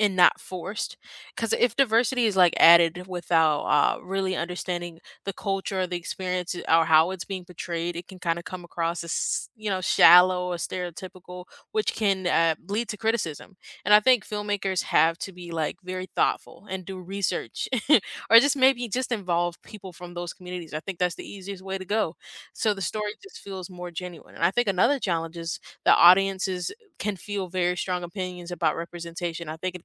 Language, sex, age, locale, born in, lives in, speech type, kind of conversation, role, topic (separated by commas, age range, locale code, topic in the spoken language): English, female, 18-19, en-US, United States, United States, conversation, unstructured, none, Should movies include more diverse characters and stories?